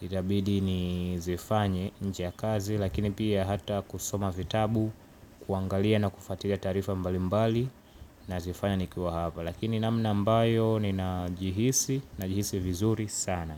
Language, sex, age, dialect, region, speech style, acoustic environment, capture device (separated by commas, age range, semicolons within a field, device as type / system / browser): Swahili, male, 18-24, Tanzania - Dar es Salaam, Tanzania, relaxed; focused, silence, mobile / Linux / Chrome